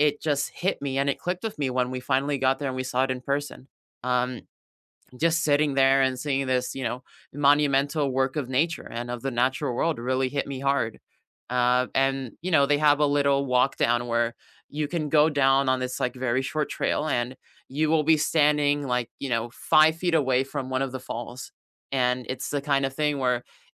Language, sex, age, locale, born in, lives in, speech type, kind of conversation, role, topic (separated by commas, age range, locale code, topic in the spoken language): English, male, 20-24, en-US, United States, United States, conversation, unstructured, none, Which tourist traps have surprised you by actually being worth it?